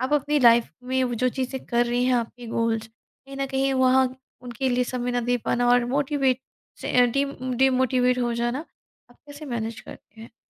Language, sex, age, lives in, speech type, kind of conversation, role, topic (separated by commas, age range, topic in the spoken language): Hindi, female, 35-39, India, conversation, podcast, host, Kya aap kabhi creative rut se bahar nikle hain, aur aapne use kaise manage kiya?